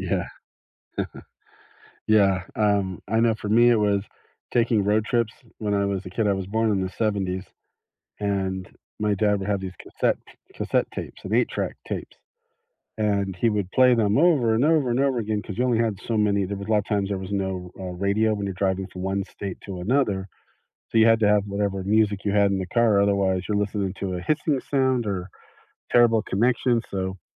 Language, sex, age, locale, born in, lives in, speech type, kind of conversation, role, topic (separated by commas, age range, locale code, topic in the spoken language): English, male, 50-54, en-US, United States, United States, conversation, unstructured, none, What is a surprising way that music affects how we feel?